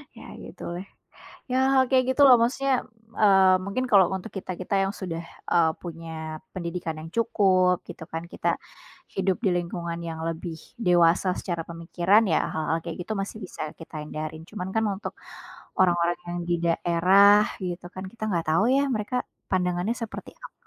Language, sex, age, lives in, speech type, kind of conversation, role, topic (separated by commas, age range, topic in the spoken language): Indonesian, female, 25-29, Indonesia, conversation, unstructured, none, Bagaimana perasaanmu ketika melihat orang tidak menghormati orang yang sudah meninggal?